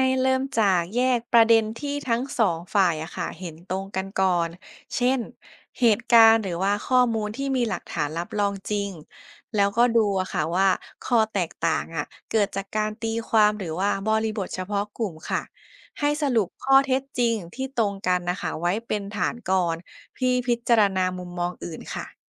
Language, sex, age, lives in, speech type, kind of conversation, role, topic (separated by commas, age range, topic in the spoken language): Thai, female, 25-29, Thailand, read, expert-assistant, expert, เวลาอ่านเรื่องศาสนาต่างประเทศ เราจะรู้ได้อย่างไรว่าข้อมูลนั้นเชื่อถือได้จริง ๆ?